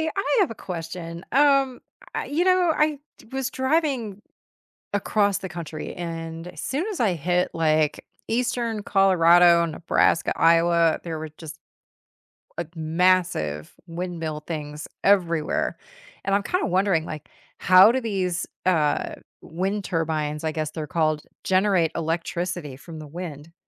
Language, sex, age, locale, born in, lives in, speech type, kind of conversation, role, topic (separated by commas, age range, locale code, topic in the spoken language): English, female, 50-54, en-US, United States, United States, read, expert-assistant, asker, How do wind turbines generate and deliver electricity safely?